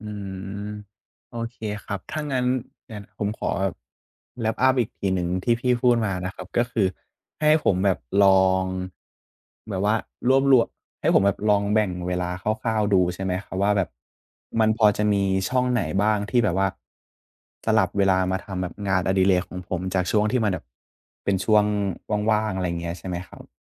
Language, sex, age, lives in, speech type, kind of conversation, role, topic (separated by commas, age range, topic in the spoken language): Thai, male, 20-24, Thailand, conversation, advice, user, ทำอย่างไรดีถ้าคุณไม่มีเวลาให้งานอดิเรกเลย?